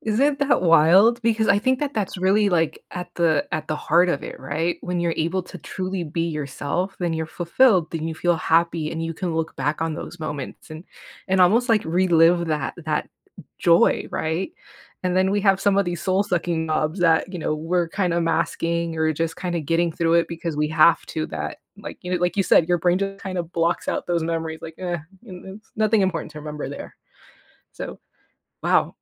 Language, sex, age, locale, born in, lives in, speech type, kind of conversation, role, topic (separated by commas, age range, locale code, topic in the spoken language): English, female, 35-39, en-US, United States, United States, conversation, podcast, host, What helps you feel most authentic in your daily life?